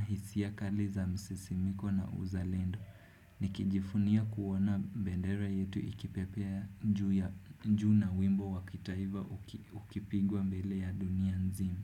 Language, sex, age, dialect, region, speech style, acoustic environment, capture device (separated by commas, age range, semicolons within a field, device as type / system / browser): Swahili, male, 18-24, Kenya - Nairobi Sheng-influenced, Kenya, happy; focused, nature, desktop / Windows / Chrome